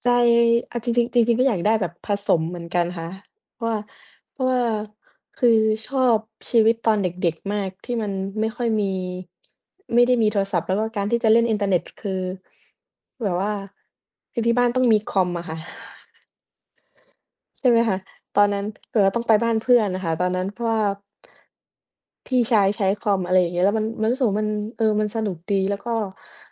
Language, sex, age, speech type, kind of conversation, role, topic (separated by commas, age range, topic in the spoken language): Thai, female, 20-24, conversation, unstructured, none, เทคโนโลยีสมัยใหม่เปลี่ยนวิถีชีวิตของเรามากแค่ไหน?